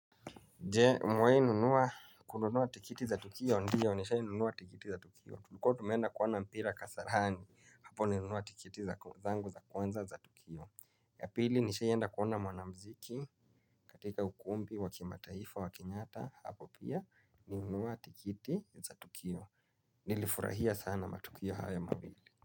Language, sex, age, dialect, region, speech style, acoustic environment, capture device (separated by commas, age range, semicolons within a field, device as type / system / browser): Swahili, male, 25-34, Kenya - Nairobi Sheng-influenced, Kenya, focused; relaxed, nature, mobile / Linux / Chrome